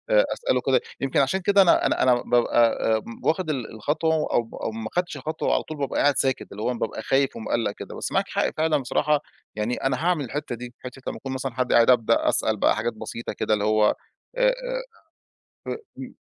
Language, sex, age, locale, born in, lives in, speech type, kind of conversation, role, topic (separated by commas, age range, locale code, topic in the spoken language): Arabic, male, 35-39, ar-EG, Egypt, Egypt, conversation, advice, user, إزاي أبدأ كلام مع حدّ غريب في مناسبة؟